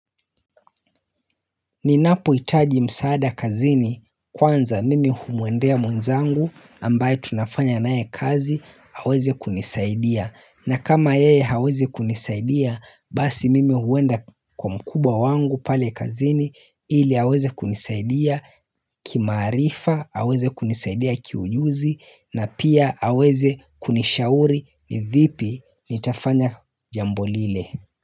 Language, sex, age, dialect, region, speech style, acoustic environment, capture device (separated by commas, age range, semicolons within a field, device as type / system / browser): Swahili, male, 25-34, Kenya - Mombasa, Kenya, happy; relaxed; focused, nature; silence, mobile / Linux / Chrome